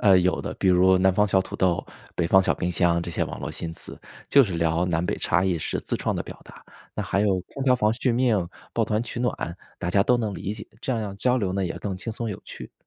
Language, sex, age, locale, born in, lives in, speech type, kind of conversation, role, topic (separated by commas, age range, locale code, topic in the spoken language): Chinese, male, 35-39, zh-CN, China, United States, read, expert-assistant, expert, 为什么不同地方的人对天气变化有不同的生活习惯？